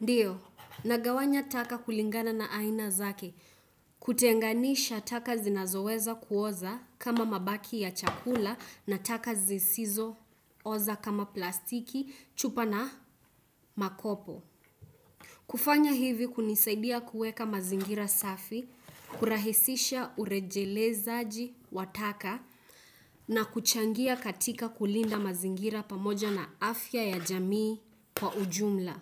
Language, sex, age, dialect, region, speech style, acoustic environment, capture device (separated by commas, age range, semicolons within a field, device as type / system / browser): Swahili, female, 18-24, Kenya - Nairobi Sheng-influenced, Kenya, focused, silence, desktop / Windows / Chrome